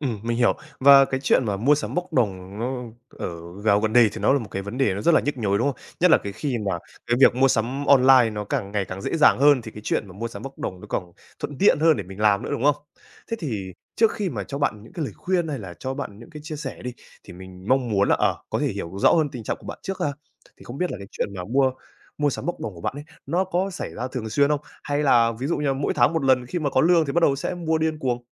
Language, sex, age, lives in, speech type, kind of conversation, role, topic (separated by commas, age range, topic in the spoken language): Vietnamese, male, 18-19, Vietnam, conversation, advice, advisor, Làm thế nào để giảm thói quen mua sắm bốc đồng khiến bạn hối hận?